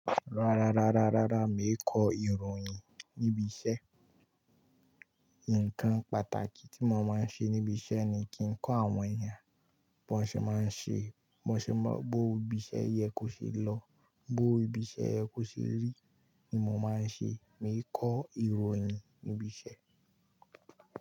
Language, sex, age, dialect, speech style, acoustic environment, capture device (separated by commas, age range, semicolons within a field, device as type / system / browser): Yoruba, male, 18-24, Nigeria - Kano, relaxed, people talking, mobile / Linux / Chrome